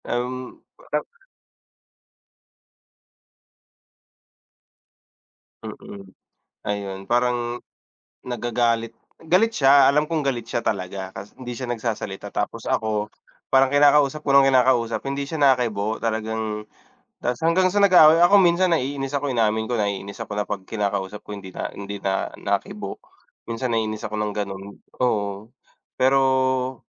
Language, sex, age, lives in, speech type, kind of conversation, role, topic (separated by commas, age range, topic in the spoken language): Filipino, male, 30-34, Philippines, conversation, unstructured, none, Ano ang paraan mo ng paglutas sa hindi pagkakaunawaan sa inyong relasyon?